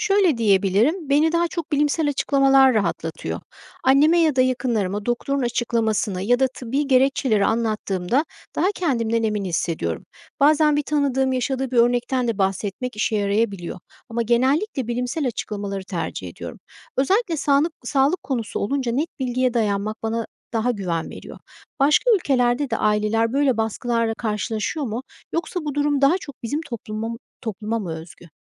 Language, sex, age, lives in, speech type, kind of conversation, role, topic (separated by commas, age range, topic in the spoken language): Turkish, female, 45-49, United States, read, expert-assistant, asker, Antibiyotikler her hastalıkta kullanılmalı mı?